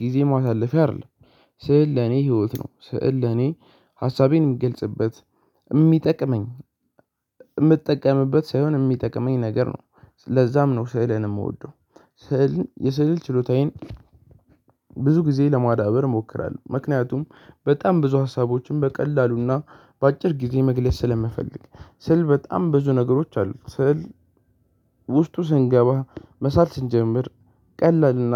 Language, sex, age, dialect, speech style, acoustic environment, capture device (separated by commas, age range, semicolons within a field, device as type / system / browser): Amharic, male, 18-24, Ethiopia - Addis Ababa, excited, silence, mobile / Linux / Chrome